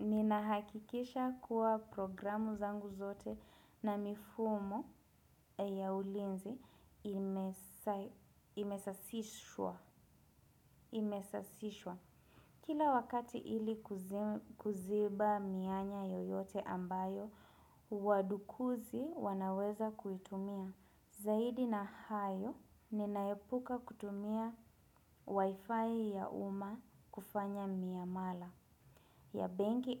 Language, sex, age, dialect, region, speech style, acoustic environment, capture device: Swahili, female, 25-34, Kenya - Nairobi Sheng-influenced, Kenya, relaxed, people talking; construction; appliances; nature; silence, desktop / Windows / Chrome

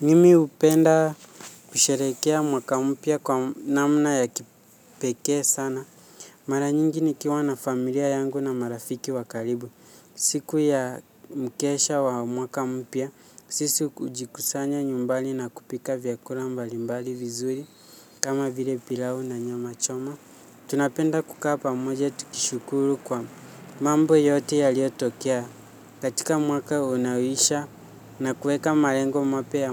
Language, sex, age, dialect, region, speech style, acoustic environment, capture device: Swahili, male, 18-24, Kenya - Nairobi Sheng-influenced, Kenya, relaxed; focused, nature; silence, mobile / Linux / Chrome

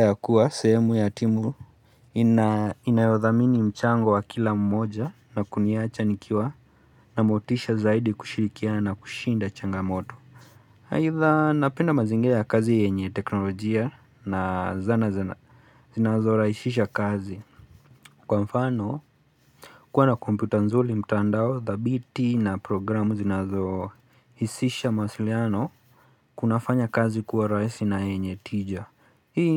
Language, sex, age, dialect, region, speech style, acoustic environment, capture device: Swahili, male, 25-34, Kenya - Nairobi Sheng-influenced, Kenya, focused, silence, mobile / Linux / Chrome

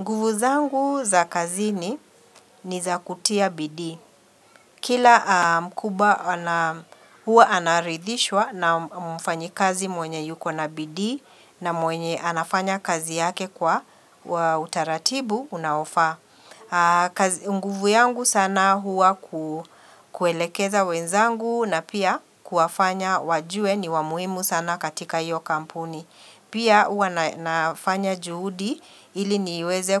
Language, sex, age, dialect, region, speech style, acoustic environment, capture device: Swahili, female, 45-54, Kenya - Nairobi Sheng-influenced, Kenya, relaxed, people talking; nature, mobile / Linux / Chrome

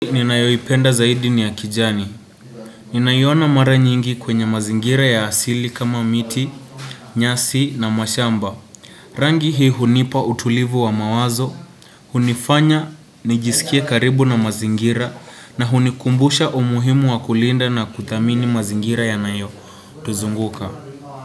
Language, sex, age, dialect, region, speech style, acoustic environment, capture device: Swahili, male, 18-24, Kenya - Nairobi Sheng-influenced, Kenya, relaxed; focused, nature, desktop / Windows / Chrome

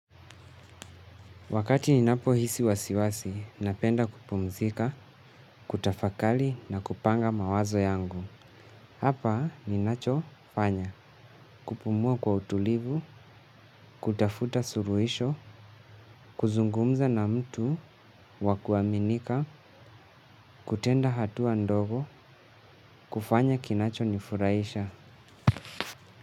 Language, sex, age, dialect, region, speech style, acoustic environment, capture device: Swahili, male, 18-24, Kenya - Mombasa, Kenya, relaxed, appliances, mobile / Linux / Chrome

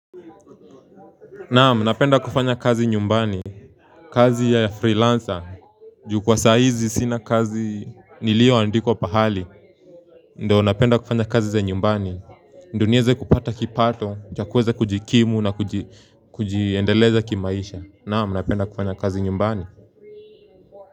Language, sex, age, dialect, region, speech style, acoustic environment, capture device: Swahili, male, 18-24, Kenya - Nairobi Sheng-influenced, Kenya, focused; happy, people talking, mobile / Linux / Chrome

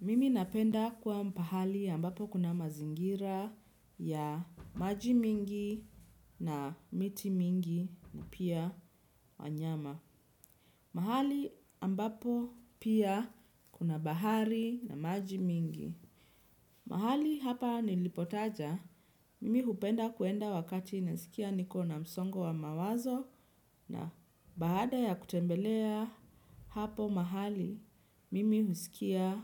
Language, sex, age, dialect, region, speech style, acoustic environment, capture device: Swahili, female, 25-34, Kenya - Nairobi Sheng-influenced, Kenya, relaxed, nature, desktop / Windows / Chrome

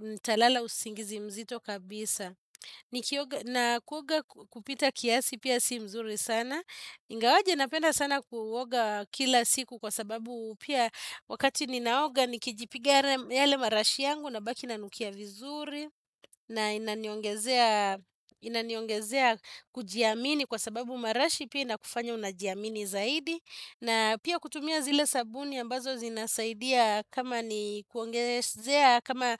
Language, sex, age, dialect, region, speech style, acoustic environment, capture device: Swahili, female, 35-44, Kenya - Nairobi Sheng-influenced, Kenya, relaxed, appliances, desktop / Windows / Chrome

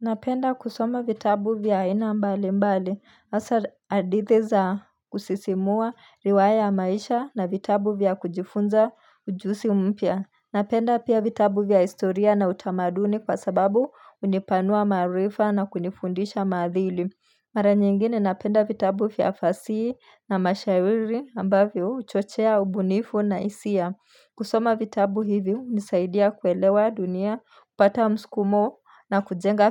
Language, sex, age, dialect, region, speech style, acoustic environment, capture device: Swahili, female, 25-34, Kenya - Nairobi Sheng-influenced, Kenya, relaxed, nature; silence, mobile / Linux / Chrome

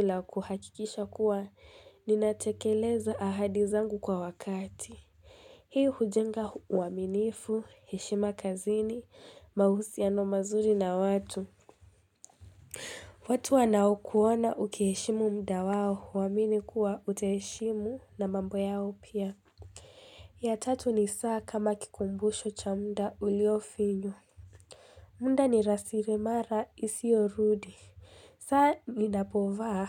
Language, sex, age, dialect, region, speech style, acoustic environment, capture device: Swahili, female, 18-24, Kenya - Mombasa, Kenya, relaxed; tired, nature; silence, mobile / Linux / Chrome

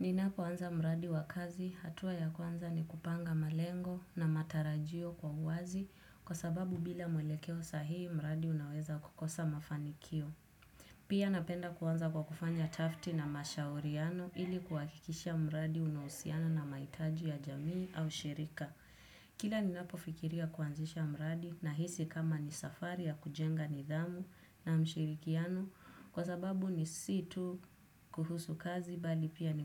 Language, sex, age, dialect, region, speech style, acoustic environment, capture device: Swahili, female, 18-24, Kenya - Nairobi Sheng-influenced, Kenya, focused, silence, desktop / Windows / Chrome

